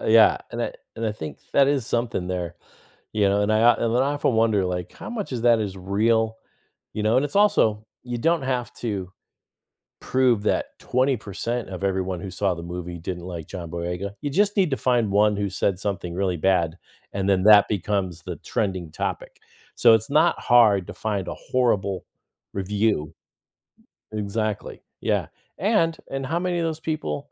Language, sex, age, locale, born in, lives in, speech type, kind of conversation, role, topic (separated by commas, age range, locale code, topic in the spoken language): English, male, 50-54, en-US, United States, United States, conversation, podcast, host, How has social media transformed the way we experience and share entertainment?